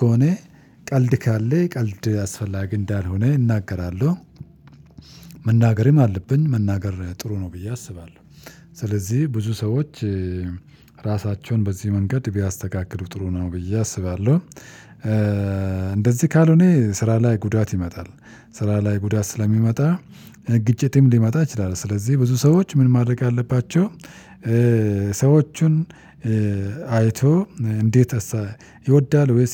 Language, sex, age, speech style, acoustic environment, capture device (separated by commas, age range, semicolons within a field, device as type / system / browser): Amharic, male, 35-44, relaxed; focused, silence, mobile / Linux / Chrome